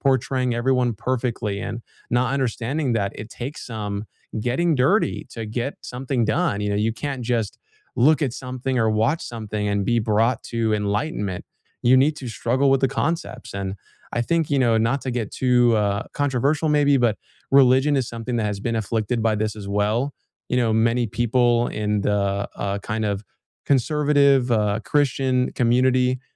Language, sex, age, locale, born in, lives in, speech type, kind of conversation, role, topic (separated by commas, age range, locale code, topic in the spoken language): English, male, 20-24, en-US, United States, United States, conversation, unstructured, none, How do you learn best: through videos, reading, or hands-on practice?